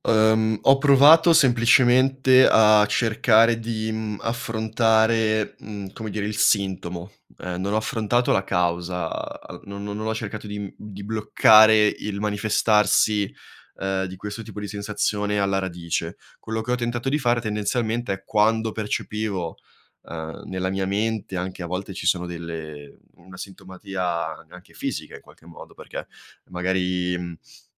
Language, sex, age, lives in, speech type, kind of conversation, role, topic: Italian, male, 25-29, Italy, conversation, advice, user, Come posso ricominciare senza paura se mi sento bloccato da aspettative troppo alte?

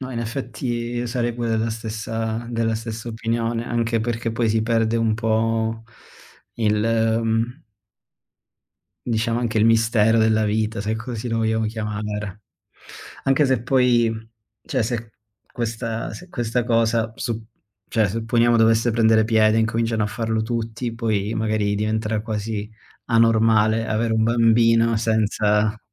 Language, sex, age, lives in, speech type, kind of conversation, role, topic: Italian, male, 30-34, Germany, conversation, unstructured, none, Qual è la scoperta scientifica che ti ha sorpreso di più?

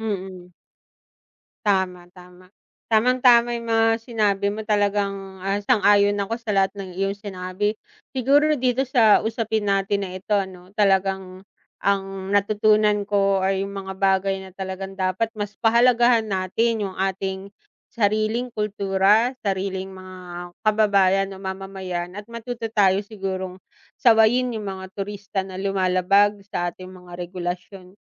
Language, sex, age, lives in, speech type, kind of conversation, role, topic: Filipino, female, 35-39, Philippines, conversation, unstructured, none, Dapat bang ipatupad ang mas mahigpit na mga regulasyon para sa mga turista?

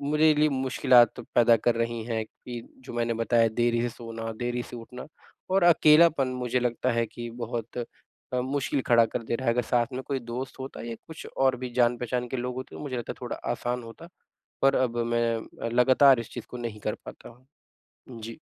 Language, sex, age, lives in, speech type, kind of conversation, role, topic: Hindi, male, 25-29, India, conversation, advice, user, मैं तीव्रता के बजाय निरंतरता पर ध्यान देकर नियमित रूप से व्यायाम कैसे कर सकता/सकती हूँ?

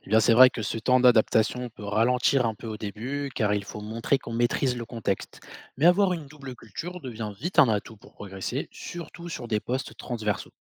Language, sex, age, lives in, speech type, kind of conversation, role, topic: French, male, 25-29, France, read, expert-assistant, expert, Travailler pour l’État ou la mairie : quelles différences au quotidien et pour l’avenir ?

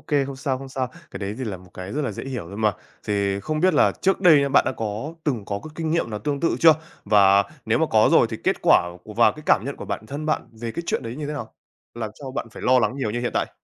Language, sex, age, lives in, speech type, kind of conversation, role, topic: Vietnamese, male, 18-19, Vietnam, conversation, advice, advisor, Tại sao bạn cứ chạy theo sự hoàn hảo đến mức không dám bắt đầu việc mới?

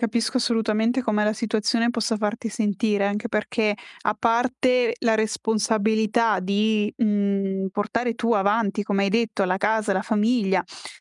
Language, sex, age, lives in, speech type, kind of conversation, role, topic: Italian, female, 25-29, Italy, conversation, advice, advisor, Come posso dare priorità alle spese indispensabili dopo un cambiamento finanziario imprevisto?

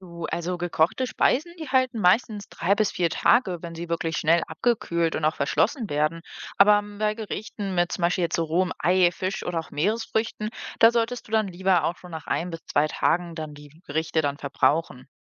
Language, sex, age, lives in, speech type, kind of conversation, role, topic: German, female, 25-29, Germany, read, expert-assistant, expert, Im Alltag gibt es viele Tipps zur Lebensmittelsicherheit – welche Prioritäten setzen Sie persönlich, um sich und Ihre Familie zu schützen?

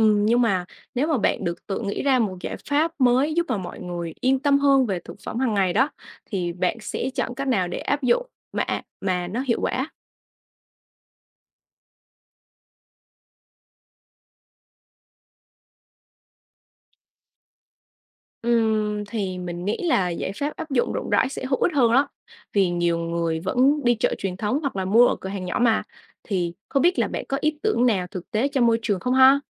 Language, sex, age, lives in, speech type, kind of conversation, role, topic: Vietnamese, female, 20-24, Vietnam, read, expert-assistant, asker, Nếu bạn có thể sáng tạo một cách mới để mọi người yên tâm hơn về thực phẩm mình ăn hàng ngày, bạn sẽ làm gì?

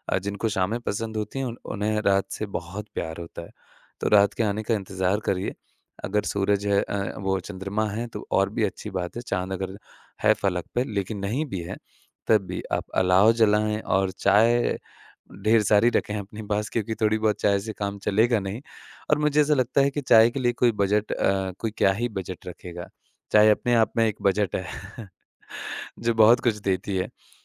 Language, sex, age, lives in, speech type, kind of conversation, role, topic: Hindi, male, 25-29, India, conversation, podcast, guest, एक साधारण शाम को खास बनाने के आपके सुझाव क्या हैं?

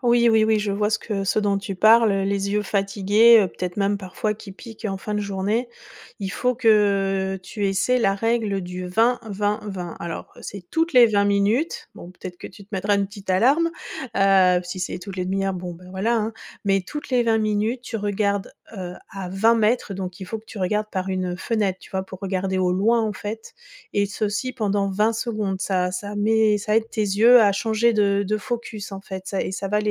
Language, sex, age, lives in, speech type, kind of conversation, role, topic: French, female, 45-49, France, read, expert-assistant, expert, Quels nouveaux gestes du quotidien pourraient vraiment faire la différence pour prévenir les soucis de santé ?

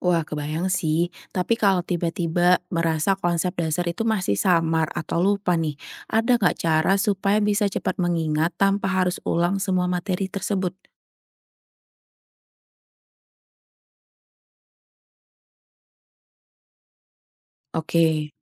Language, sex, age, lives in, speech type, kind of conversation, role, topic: Indonesian, female, 30-34, Indonesia, read, expert-assistant, asker, Strategi supaya bisa memahami topik-topik astrofisika yang rumit tanpa cepat kehilangan semangat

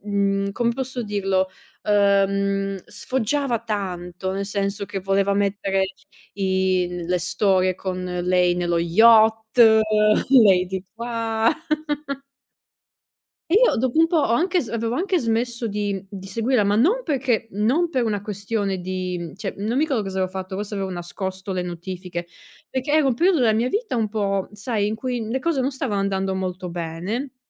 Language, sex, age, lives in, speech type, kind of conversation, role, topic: Italian, female, 30-34, Italy, conversation, unstructured, none, Che cosa ti fa arrabbiare di più nel mondo dei social network?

